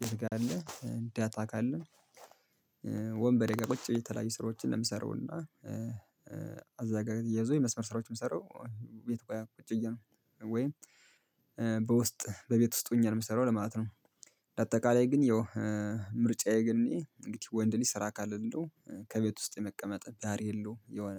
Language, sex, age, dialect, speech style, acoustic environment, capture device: Amharic, male, 25-34, Ethiopia - Gondar, happy; relaxed; focused; excited, silence, mobile / Linux / Chrome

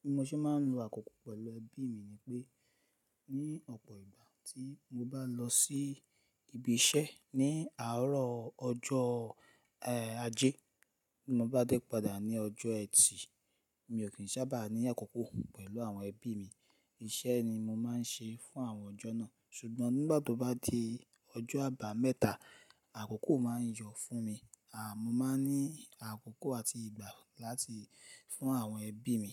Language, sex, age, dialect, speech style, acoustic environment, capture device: Yoruba, male, 35-44, Nigeria - Lagos Yoruba, excited; happy, silence, mobile / Linux / Chrome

